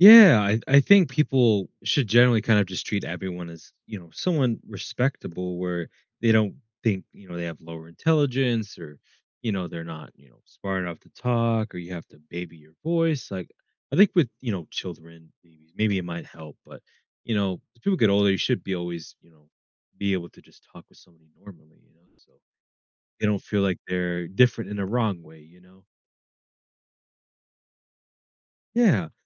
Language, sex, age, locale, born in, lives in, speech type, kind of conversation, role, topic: English, male, 20-24, en-US, United States, United States, conversation, podcast, host, How does being a good listener affect our relationships and communication?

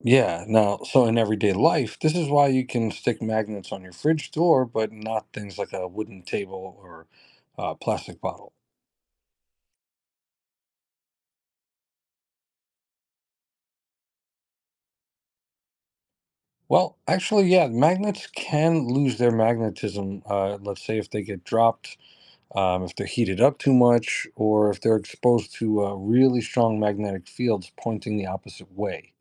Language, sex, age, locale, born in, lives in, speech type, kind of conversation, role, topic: English, male, 40-44, en-US, United States, United States, read, expert-assistant, expert, What are the principles of magnetism and how do they apply to everyday life?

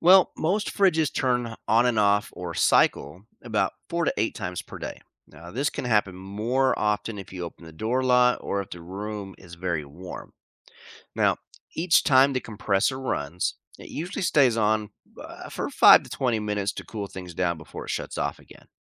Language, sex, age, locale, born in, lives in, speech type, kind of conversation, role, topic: English, male, 45-49, en-US, United States, United States, read, expert-assistant, expert, How does a refrigerator keep food cold and what can go wrong with it?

